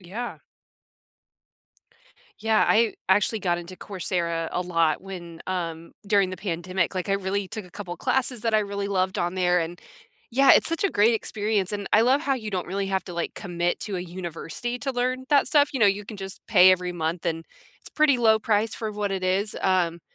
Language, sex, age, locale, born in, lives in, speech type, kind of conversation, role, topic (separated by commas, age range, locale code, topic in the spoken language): English, female, 30-34, en-US, United States, United States, conversation, unstructured, none, How do you learn best—by seeing, hearing, or doing—and how can we support each other’s learning?